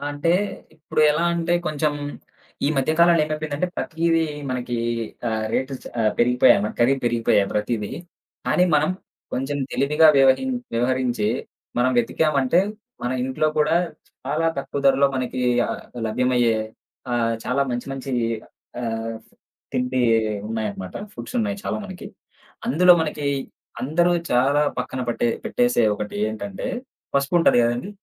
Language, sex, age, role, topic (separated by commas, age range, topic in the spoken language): Telugu, male, 25-29, guest, పరిమిత సమయం ఉన్నప్పటికీ ఆరోగ్యంగా జీవించడానికి మీరు పాటించే చిట్కాలు ఏమిటి?